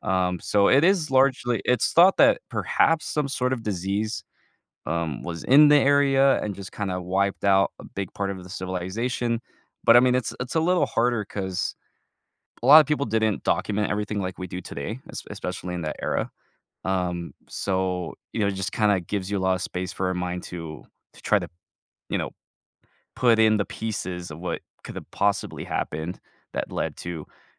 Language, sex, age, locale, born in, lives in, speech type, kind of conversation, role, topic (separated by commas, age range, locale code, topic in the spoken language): English, male, 20-24, en-US, United States, United States, conversation, podcast, guest, How do the achievements and ideas of ancient civilizations continue to shape our world today?